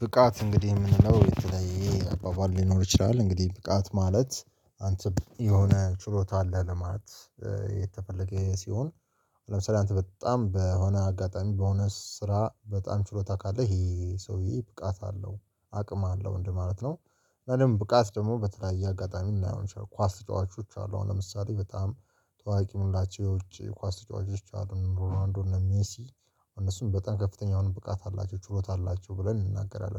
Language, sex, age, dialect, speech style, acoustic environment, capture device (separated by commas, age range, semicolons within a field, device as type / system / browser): Amharic, male, 25-34, Ethiopia - Addis Ababa, focused, people talking, mobile / Linux / Chrome